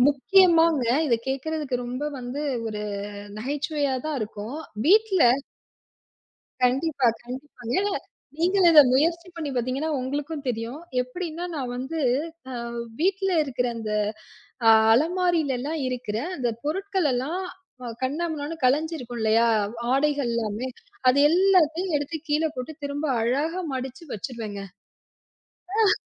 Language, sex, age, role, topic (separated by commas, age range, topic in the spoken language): Tamil, female, 35-39, guest, மனஅழுத்தம் அதிகரிக்கும் போது நீங்கள் அமைதியாக இருக்க என்ன செய்கிறீர்கள்?